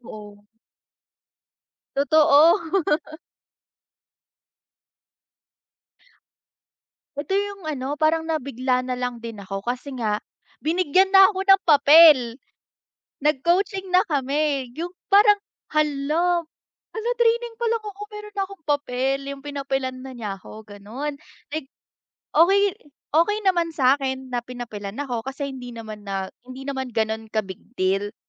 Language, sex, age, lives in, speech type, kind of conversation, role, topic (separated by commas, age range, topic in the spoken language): Filipino, female, 20-24, Philippines, conversation, advice, user, Paano ako hihingi ng paumanhin pagkatapos magkamali sa isang relasyon o sa trabaho?